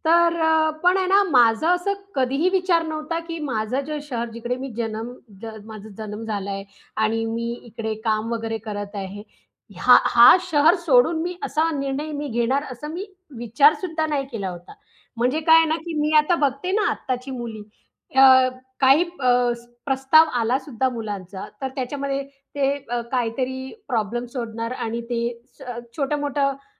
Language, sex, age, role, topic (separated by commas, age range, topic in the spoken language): Marathi, female, 35-39, guest, तुझ्या आयुष्यातला सर्वात धाडसी निर्णय कोणता होता?